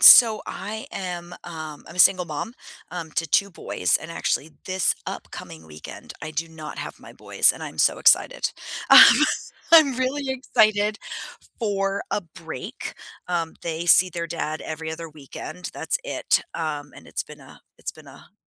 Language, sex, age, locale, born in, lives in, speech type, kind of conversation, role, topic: English, female, 35-39, en-US, United States, United States, conversation, unstructured, none, What are your plans for the weekend—recharging at home, exploring nearby, or doing something spontaneous?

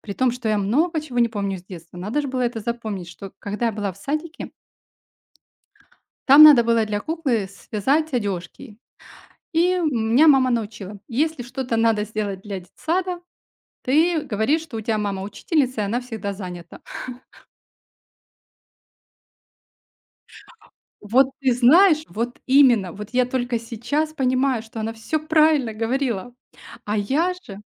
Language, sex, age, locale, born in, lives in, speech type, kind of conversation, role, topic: Russian, female, 45-49, ru-RU, Ukraine, Spain, conversation, podcast, guest, Как научиться прощать себя за промахи?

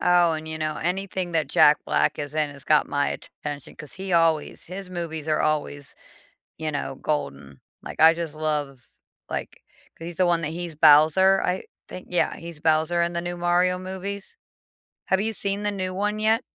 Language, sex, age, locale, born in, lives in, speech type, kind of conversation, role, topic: English, female, 45-49, en-US, United States, United States, conversation, unstructured, none, What guilty-pleasure entertainment do you secretly love and proudly defend?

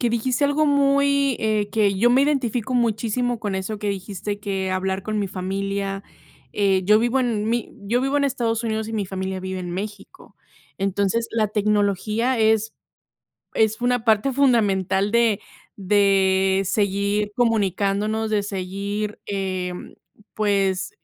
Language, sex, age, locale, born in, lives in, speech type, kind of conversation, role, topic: Spanish, female, 30-34, es-MX, Mexico, United States, conversation, unstructured, none, ¿Cómo crees que la tecnología cambia nuestra vida diaria?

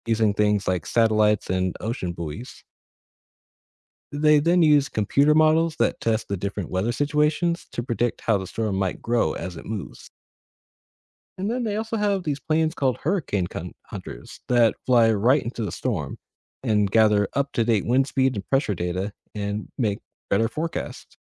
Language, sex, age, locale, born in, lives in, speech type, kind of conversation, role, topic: English, male, 25-29, en-US, United States, United States, read, expert-assistant, expert, What causes wind to blow?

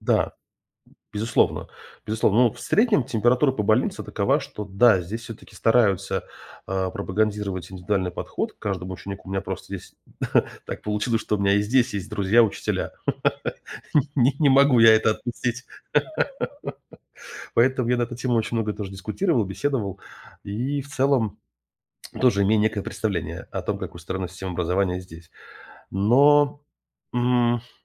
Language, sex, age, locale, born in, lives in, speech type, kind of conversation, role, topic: Russian, male, 35-39, ru-RU, Russia, United States, conversation, podcast, guest, Как школа может лучше готовить подростков к взрослой жизни?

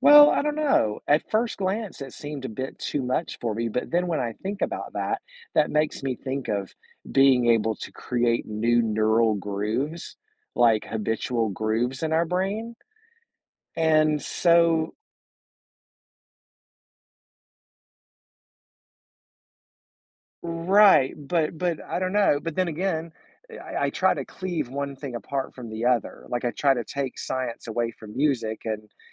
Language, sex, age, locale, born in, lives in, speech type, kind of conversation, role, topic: English, male, 45-49, en-US, United States, United States, conversation, podcast, guest, Why does music have such a powerful effect on our feelings and moods?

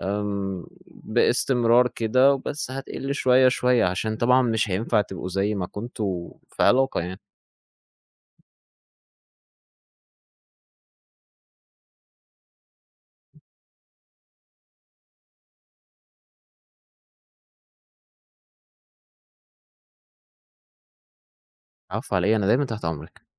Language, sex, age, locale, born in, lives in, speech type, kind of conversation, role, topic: Arabic, male, 20-24, ar-EG, Egypt, Egypt, conversation, advice, advisor, إزاي أقدر أحافظ على صداقة مع شريكي/شريكتي السابق/السابقة من غير ما أتأذّى؟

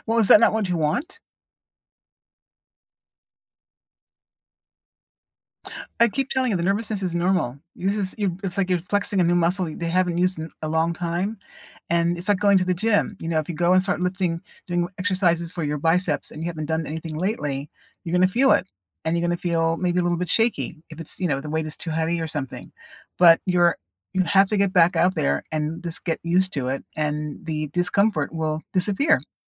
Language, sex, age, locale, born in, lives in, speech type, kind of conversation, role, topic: English, female, 60-64, en-US, United States, United States, conversation, advice, advisor, How can I celebrate a recent achievement and build confidence from it?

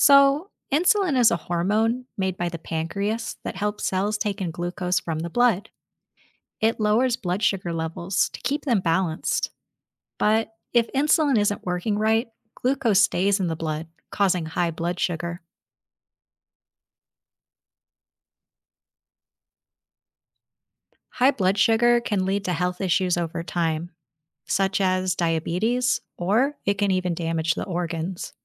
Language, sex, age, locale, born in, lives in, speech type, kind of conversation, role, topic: English, female, 30-34, en-US, United States, United States, read, expert-assistant, expert, How does the body process sugar?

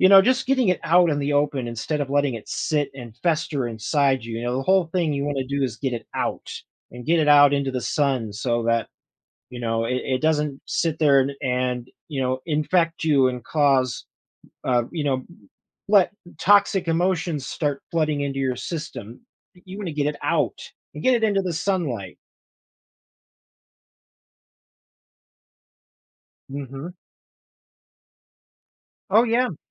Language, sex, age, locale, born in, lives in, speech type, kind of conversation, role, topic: English, male, 30-34, en-US, United States, United States, conversation, podcast, guest, What helps people rebuild a sense of hope after going through difficult times?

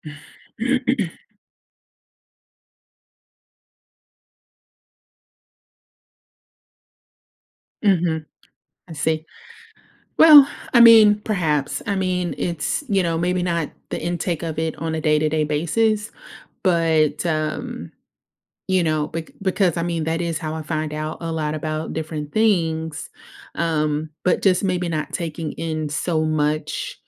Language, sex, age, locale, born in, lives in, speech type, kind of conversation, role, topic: English, female, 30-34, en-US, United States, United States, conversation, advice, user, How can I handle uncertainty about my future?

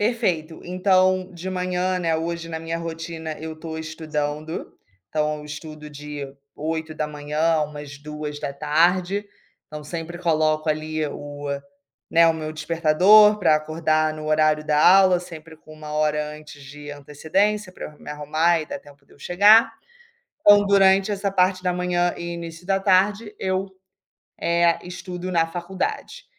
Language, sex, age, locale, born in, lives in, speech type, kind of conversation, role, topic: Portuguese, female, 25-29, pt-BR, Brazil, Spain, conversation, podcast, guest, Como você encontra tempo para criar na correria do dia?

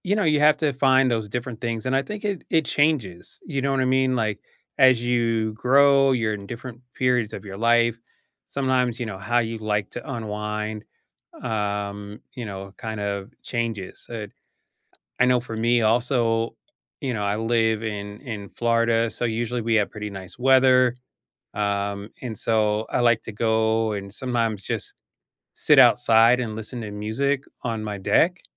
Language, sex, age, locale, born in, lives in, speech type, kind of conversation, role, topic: English, male, 50-54, en-US, United States, United States, conversation, unstructured, none, How do you unwind after a long day?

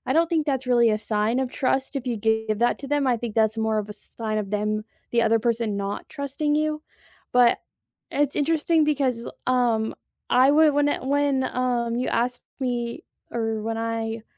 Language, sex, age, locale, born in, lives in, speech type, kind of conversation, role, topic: English, female, 20-24, en-US, United States, United States, conversation, unstructured, none, What do you think about sharing passwords in a relationship?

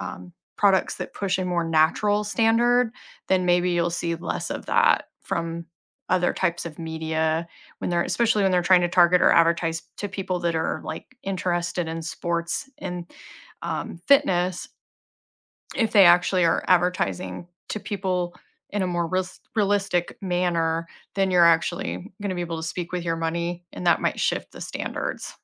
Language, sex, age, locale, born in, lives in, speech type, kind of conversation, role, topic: English, female, 40-44, en-US, United States, United States, conversation, unstructured, none, What frustrates you about unrealistic body standards in sports?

